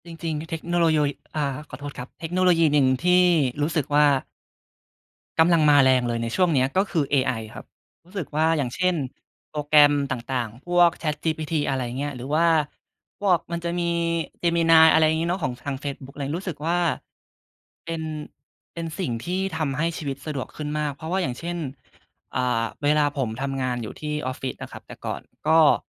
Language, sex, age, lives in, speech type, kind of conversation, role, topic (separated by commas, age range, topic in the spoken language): Thai, male, 20-24, Thailand, conversation, unstructured, none, เทคโนโลยีเปลี่ยนวิถีชีวิตของคุณไปอย่างไรบ้าง?